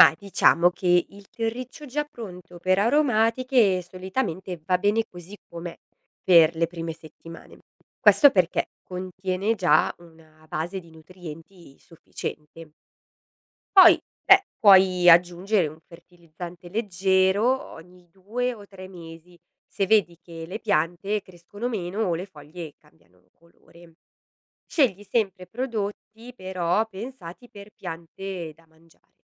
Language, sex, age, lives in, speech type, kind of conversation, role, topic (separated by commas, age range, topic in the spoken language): Italian, female, 30-34, Italy, read, expert-assistant, expert, Come si costruisce una piccola serra per piante aromatiche?